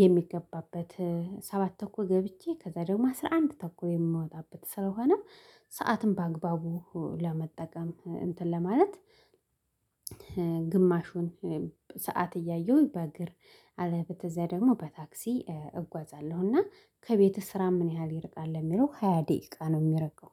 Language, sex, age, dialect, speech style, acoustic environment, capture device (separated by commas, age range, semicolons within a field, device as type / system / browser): Amharic, female, 25-34, Ethiopia - Addis Ababa, happy; excited, people talking, mobile / Linux / Chrome